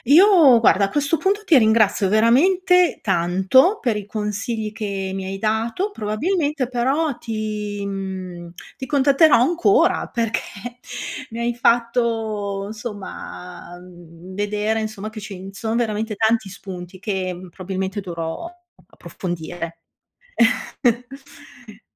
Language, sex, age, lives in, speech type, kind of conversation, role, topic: Italian, female, 55-59, Italy, read, expert-assistant, asker, Quali sono i modi per descrivere una festa attraverso gli occhi di un bambino?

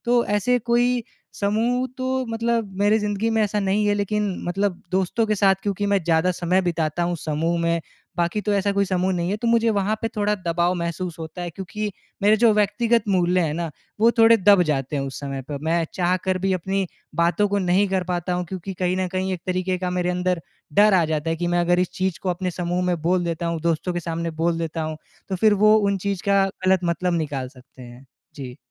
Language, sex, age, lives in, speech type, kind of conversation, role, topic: Hindi, male, 20-24, India, conversation, advice, user, समूह दबाव के समय मैं अपने व्यक्तिगत मूल्यों पर कैसे कायम रहूँ?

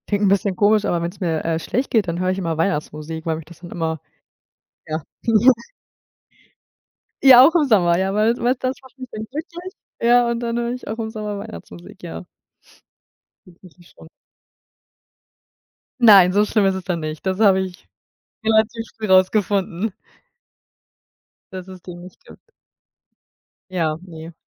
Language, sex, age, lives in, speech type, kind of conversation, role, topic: German, female, 25-29, Germany, conversation, unstructured, none, Was ist dein liebstes Fest und warum?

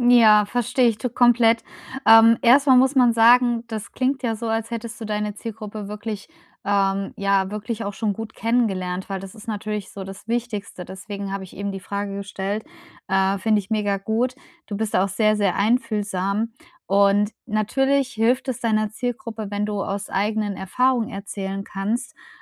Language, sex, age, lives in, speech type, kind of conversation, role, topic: German, female, 35-39, Germany, conversation, advice, advisor, Wie kann ich online klare Grenzen setzen und trotzdem authentisch bleiben?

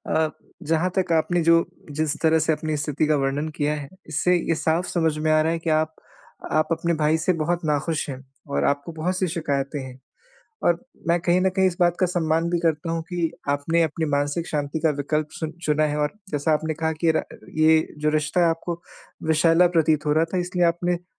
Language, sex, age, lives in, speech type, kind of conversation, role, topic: Hindi, male, 25-29, India, conversation, advice, advisor, भाई-बहनों के साथ संचार की कमी और गलतफहमियाँ कैसे दूर करें?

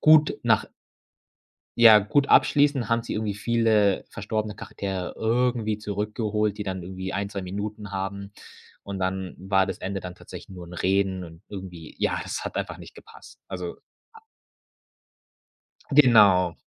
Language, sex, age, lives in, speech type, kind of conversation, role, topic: German, male, 25-29, Germany, conversation, podcast, guest, Was macht für dich eine gute Geschichte aus?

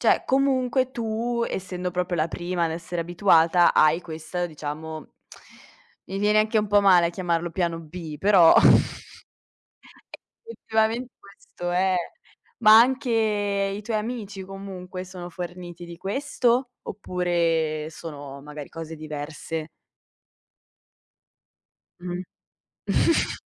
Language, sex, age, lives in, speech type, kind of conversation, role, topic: Italian, female, 25-29, Italy, conversation, podcast, host, Come gestisci le allergie e le intolleranze dei tuoi ospiti?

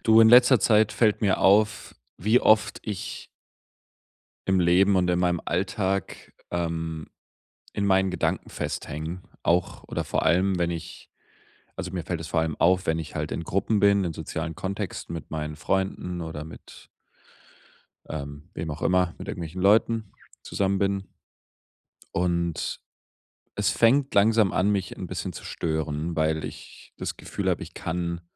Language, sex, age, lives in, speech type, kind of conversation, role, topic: German, male, 25-29, Germany, conversation, advice, user, Wie kann ich im Alltag trotz störender Gedanken präsent bleiben?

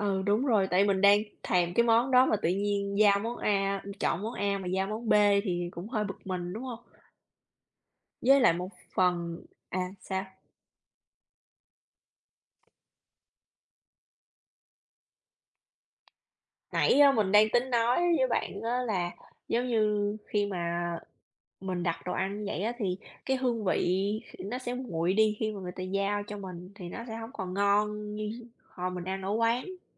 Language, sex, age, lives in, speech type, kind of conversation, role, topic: Vietnamese, female, 35-39, United States, conversation, unstructured, none, Bạn thường chọn tự nấu ăn hay gọi đồ ăn ngoài?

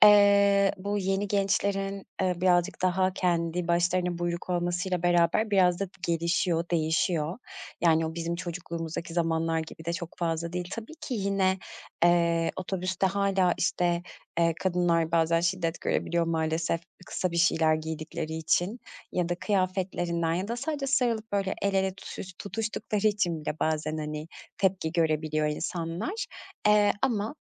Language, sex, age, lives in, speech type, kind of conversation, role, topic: Turkish, female, 35-39, Greece, conversation, podcast, host, Kıyafetlerinle kendini saklıyor musun yoksa ortaya koyuyor musun?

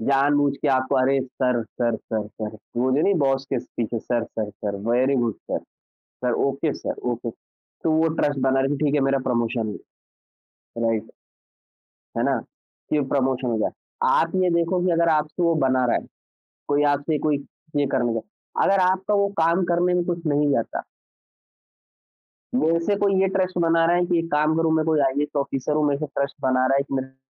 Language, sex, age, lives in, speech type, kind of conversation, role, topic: Hindi, male, 25-29, India, conversation, podcast, guest, Team mein bharosa banane ke liye tum kya karte ho?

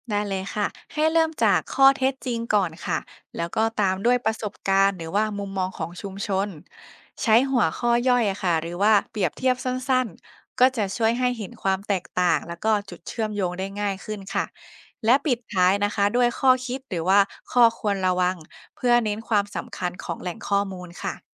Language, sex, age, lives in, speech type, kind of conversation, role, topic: Thai, female, 25-29, Thailand, read, expert-assistant, expert, เวลาต้องหาข้อมูลเรื่องความเชื่อเกี่ยวกับสุขภาพในแต่ละชุมชน คุณมีวิธีเลือกแหล่งข้อมูลที่ไว้ใจได้ยังไงบ้าง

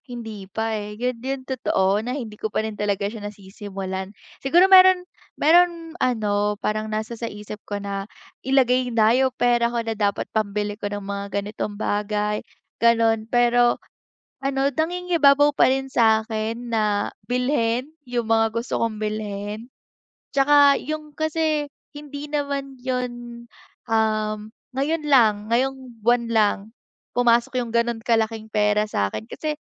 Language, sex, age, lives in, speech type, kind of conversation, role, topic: Filipino, female, 20-24, Philippines, conversation, advice, user, Paano ako makapagsisimulang mag-ipon kung hindi ko alam kung saan magsisimula?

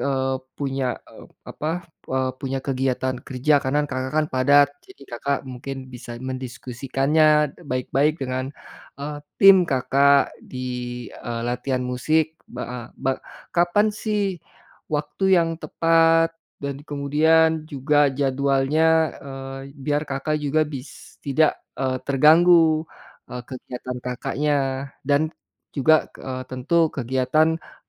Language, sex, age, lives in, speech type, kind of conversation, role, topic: Indonesian, male, 45-49, Indonesia, conversation, advice, advisor, Bagaimana saya bisa menemukan waktu untuk berlatih meski sibuk bekerja dan mengurus keluarga?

